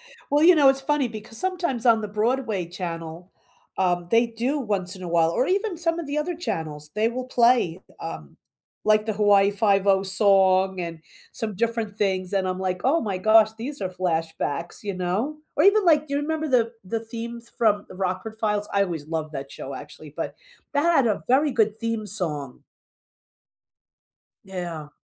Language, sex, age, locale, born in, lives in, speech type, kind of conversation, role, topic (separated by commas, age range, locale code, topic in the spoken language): English, female, 65-69, en-US, United States, United States, conversation, unstructured, none, Which movie soundtracks are better than the films they accompany?